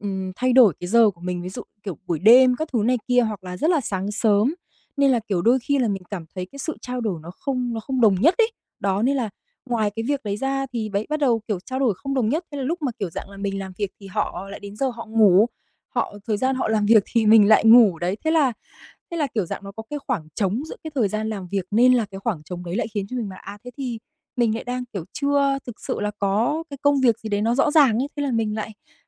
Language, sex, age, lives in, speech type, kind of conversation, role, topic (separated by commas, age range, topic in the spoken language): Vietnamese, female, 25-29, Vietnam, conversation, advice, user, Làm thế nào để thích nghi với việc phải làm việc từ xa sau nhiều năm làm việc tại văn phòng?